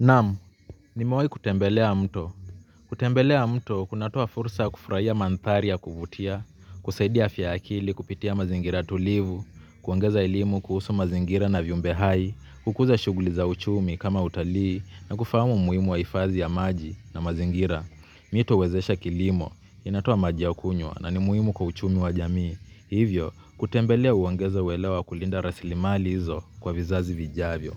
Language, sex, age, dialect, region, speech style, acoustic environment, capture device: Swahili, male, 25-34, Kenya - Mombasa, Kenya, relaxed; focused, people talking; nature; music, mobile / Linux / Chrome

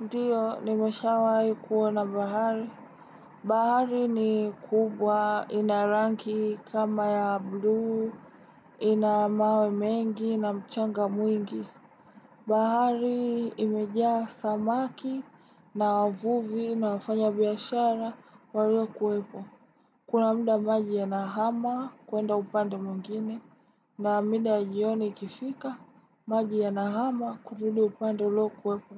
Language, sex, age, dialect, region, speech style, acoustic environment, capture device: Swahili, female, 18-24, Tanzania - Dar es Salaam, Tanzania, relaxed, silence, desktop / Windows / Chrome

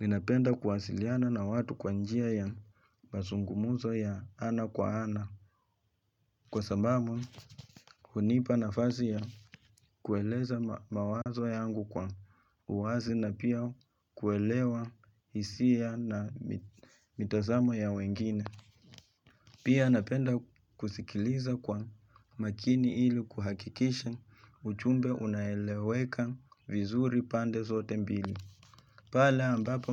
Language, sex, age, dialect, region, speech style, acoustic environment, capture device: Swahili, male, 25-34, Kenya - Nairobi Sheng-influenced, Kenya, relaxed, silence; nature, mobile / Linux / Chrome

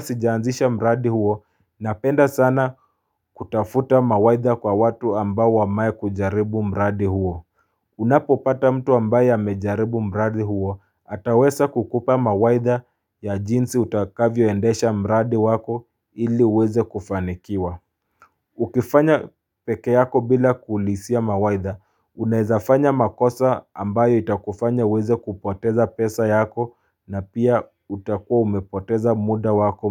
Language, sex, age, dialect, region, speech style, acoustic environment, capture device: Swahili, male, 25-34, Kenya - Mombasa, Kenya, relaxed, silence, mobile / Linux / Chrome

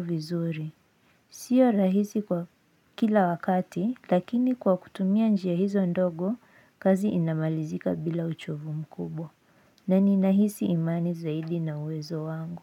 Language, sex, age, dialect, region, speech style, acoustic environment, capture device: Swahili, female, 18-24, Kenya - Nairobi Sheng-influenced, Kenya, anxious, silence, mobile / Linux / Chrome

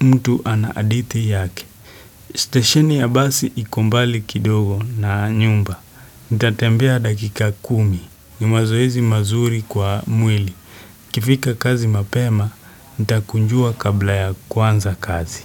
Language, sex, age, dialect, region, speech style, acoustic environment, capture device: Swahili, male, 18-24, Kenya - Nairobi Sheng-influenced, Kenya, happy, silence, desktop / Windows / Chrome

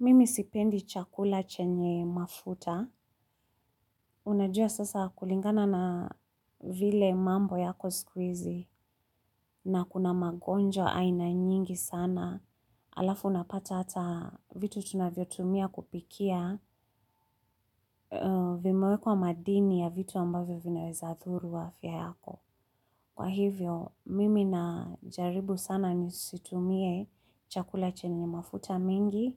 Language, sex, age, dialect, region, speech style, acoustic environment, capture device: Swahili, female, 35-44, Kenya - Nairobi Sheng-influenced, Kenya, stressed, silence, mobile / Linux / Chrome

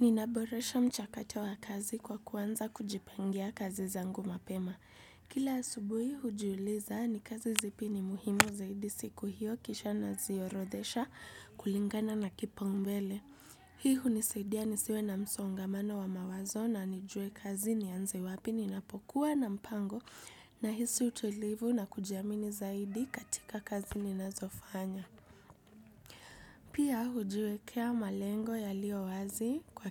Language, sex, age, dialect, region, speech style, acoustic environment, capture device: Swahili, female, 18-24, Kenya - Nairobi Sheng-influenced, Kenya, happy, silence, desktop / Windows / Chrome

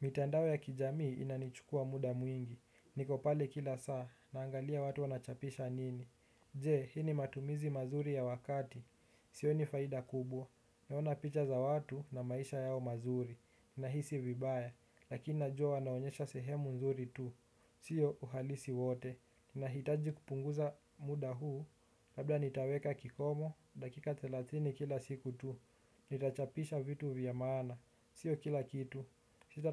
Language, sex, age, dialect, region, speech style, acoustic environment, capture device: Swahili, male, 18-24, Kenya - Nairobi Sheng-influenced, Kenya, relaxed, nature; people talking, desktop / Windows / Chrome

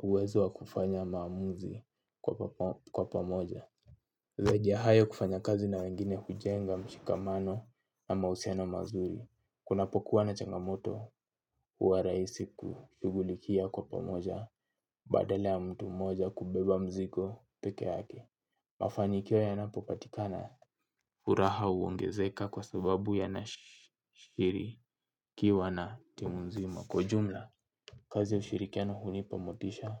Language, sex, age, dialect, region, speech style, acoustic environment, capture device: Swahili, male, 18-24, Kenya - Nairobi Sheng-influenced, Kenya, focused, silence, mobile / Linux / Chrome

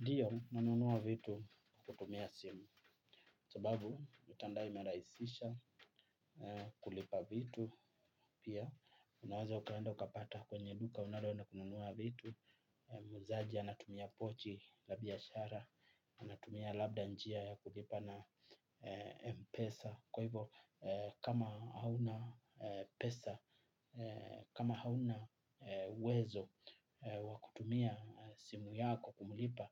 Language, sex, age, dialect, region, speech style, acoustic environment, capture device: Swahili, male, 25-34, Kenya - Mombasa, Kenya, happy, nature, mobile / Linux / Chrome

